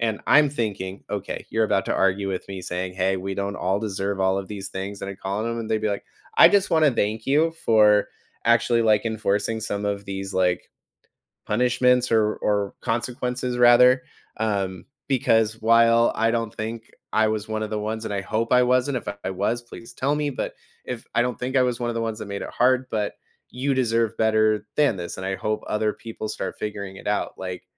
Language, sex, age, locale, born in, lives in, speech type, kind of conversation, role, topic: English, male, 30-34, en-US, United States, United States, conversation, podcast, guest, What helps you maintain your drive and resilience when work gets challenging?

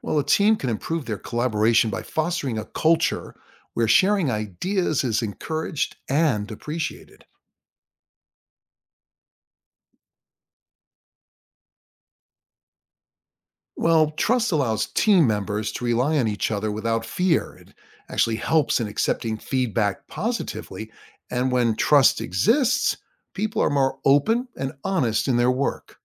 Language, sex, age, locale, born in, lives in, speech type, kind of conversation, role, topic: English, male, 60-64, en-US, United States, United States, read, expert-assistant, expert, What are the characteristics of effective teamwork?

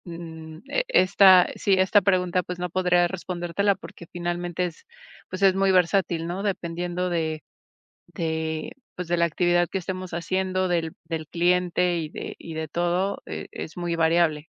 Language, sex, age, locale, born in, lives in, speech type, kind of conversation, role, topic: Spanish, female, 40-44, es-MX, Mexico, Mexico, conversation, advice, user, ¿Cómo está afectando la multitarea tu concentración y tu eficacia?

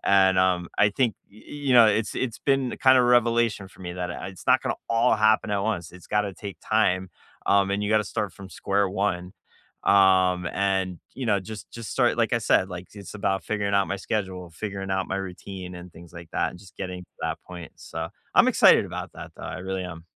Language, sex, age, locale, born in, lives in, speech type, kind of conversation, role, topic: English, male, 40-44, en-US, United States, United States, conversation, unstructured, none, What small joys from this week made you smile?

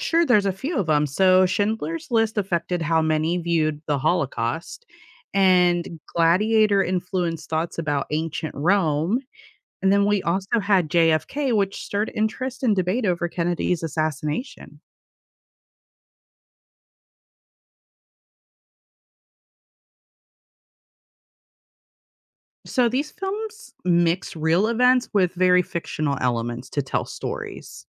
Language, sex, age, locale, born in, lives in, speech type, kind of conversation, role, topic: English, female, 35-39, en-US, United States, United States, read, expert-assistant, expert, How do films influence public understanding of history?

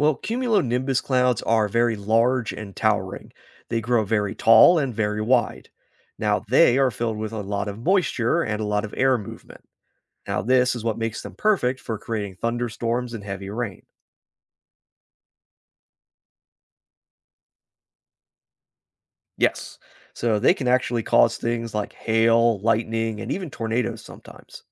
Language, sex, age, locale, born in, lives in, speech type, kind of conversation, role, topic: English, male, 30-34, en-US, United States, United States, read, expert-assistant, expert, How do clouds form and what types exist?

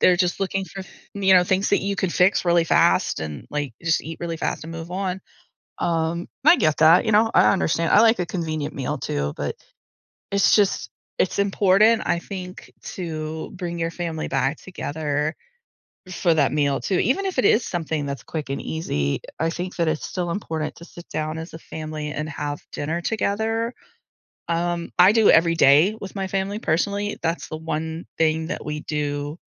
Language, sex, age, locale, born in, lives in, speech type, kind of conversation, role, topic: English, female, 40-44, en-US, United States, United States, conversation, podcast, guest, What role does food play in celebrations?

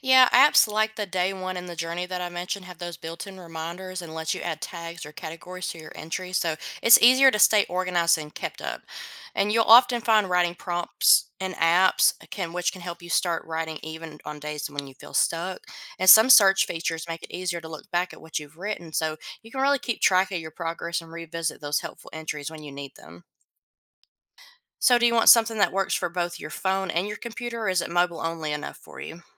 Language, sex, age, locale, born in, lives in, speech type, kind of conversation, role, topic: English, female, 25-29, en-US, United States, United States, read, expert-assistant, expert, What are the benefits of daily journaling?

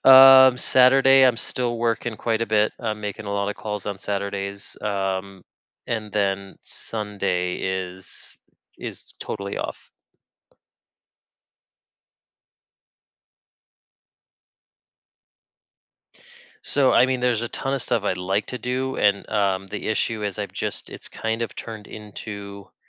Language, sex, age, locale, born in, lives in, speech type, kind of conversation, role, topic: English, male, 35-39, en-US, United States, United States, conversation, advice, user, How can I make my daily routines more meaningful?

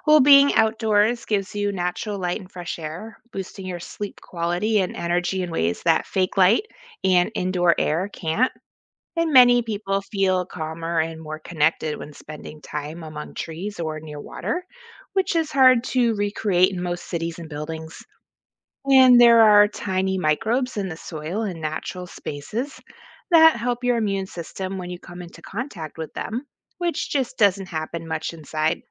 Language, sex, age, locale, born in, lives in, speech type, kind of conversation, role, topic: English, female, 40-44, en-US, United States, United States, read, expert-assistant, expert, What are the benefits of spending time in nature?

